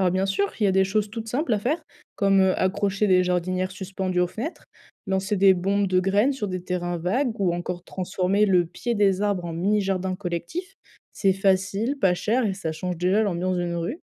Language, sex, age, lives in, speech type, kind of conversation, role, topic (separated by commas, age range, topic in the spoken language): French, female, 20-24, France, read, expert-assistant, expert, Comment mélanger nature et ville pour rendre le quotidien plus agréable ?